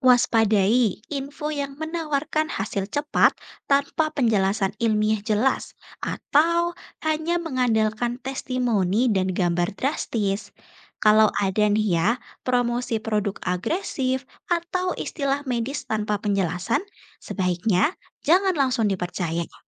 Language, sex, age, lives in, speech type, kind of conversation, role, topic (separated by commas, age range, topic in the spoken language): Indonesian, female, 20-24, Indonesia, read, expert-assistant, expert, Bagaimana Cara Membedakan Info Nutrisi yang Valid dan Mitos di Media Sosial?